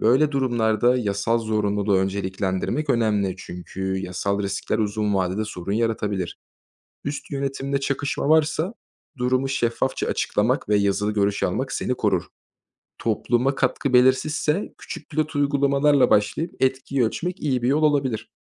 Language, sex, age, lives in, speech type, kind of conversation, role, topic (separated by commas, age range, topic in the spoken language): Turkish, male, 25-29, Germany, read, expert-assistant, expert, Kamu ve özel sektör yönetimi arasındaki farkları anlatan iyi bir benzetme var mı?